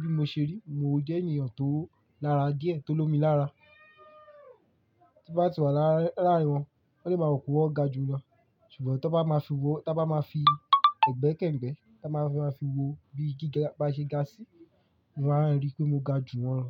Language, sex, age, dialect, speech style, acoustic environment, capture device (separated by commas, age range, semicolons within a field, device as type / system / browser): Yoruba, male, 18-24, Nigeria - Lagos Yoruba, excited, silence, mobile / Linux / Chrome